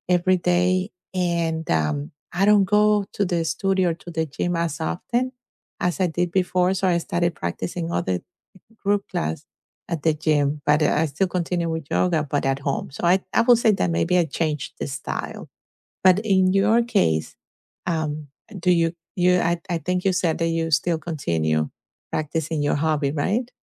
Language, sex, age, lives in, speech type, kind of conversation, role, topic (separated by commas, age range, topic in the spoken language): English, female, 50-54, United States, conversation, unstructured, none, What hobby reminds you of someone you miss the most?